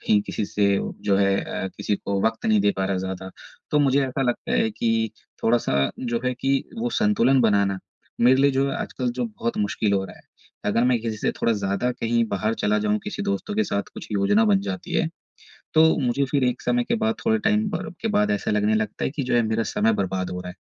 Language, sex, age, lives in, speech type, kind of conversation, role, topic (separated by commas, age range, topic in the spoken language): Hindi, male, 30-34, India, conversation, advice, user, मुझे करियर और निजी जीवन में संतुलन कैसे बनाना चाहिए?